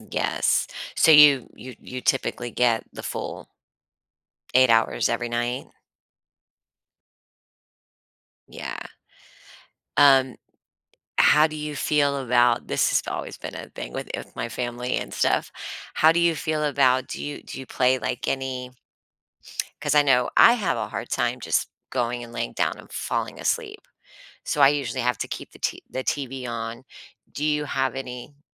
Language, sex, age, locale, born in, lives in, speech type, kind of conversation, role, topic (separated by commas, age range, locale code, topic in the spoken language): English, female, 45-49, en-US, United States, United States, conversation, podcast, host, How does getting enough sleep impact your health and daily life?